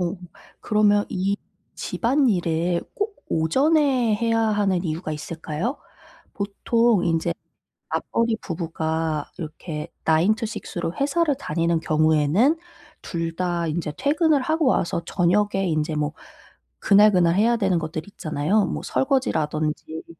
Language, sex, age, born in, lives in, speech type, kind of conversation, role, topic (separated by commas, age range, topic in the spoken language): Korean, female, 30-34, South Korea, South Korea, conversation, advice, advisor, 수면 루틴과 자기 전 습관을 어떻게 꾸준히 지킬 수 있을까요?